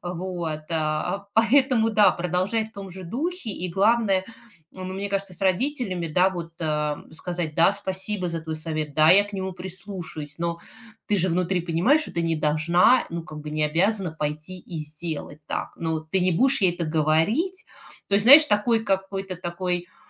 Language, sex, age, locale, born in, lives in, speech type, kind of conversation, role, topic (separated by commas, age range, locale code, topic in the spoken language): Russian, female, 40-44, ru-RU, Russia, United States, conversation, advice, advisor, Как нам прийти к согласию в вопросах дисциплины детей?